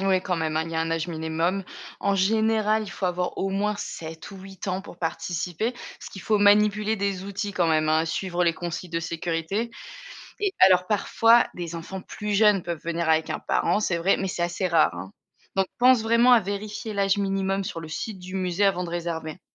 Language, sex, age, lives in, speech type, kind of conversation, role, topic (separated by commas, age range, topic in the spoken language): French, female, 35-39, Germany, read, expert-assistant, expert, Où découvrir les dinosaures et la préhistoire en France avec des enfants ?